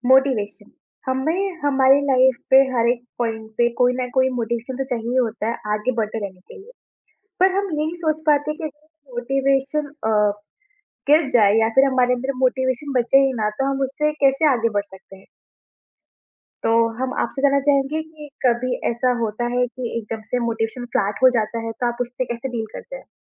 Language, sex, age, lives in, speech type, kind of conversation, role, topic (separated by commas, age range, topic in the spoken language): Hindi, female, 20-24, India, conversation, podcast, host, Motivation gir jaaye to use phir se jagane ka sabse asaan tareeka kya hai?